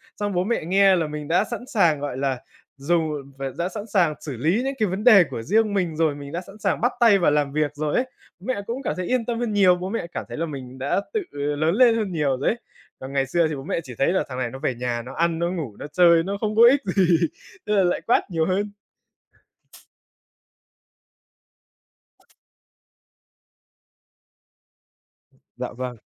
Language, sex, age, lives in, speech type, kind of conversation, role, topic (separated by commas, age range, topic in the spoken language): Vietnamese, male, 20-24, Vietnam, conversation, podcast, guest, Một thay đổi bất ngờ đã khiến bạn trưởng thành như thế nào?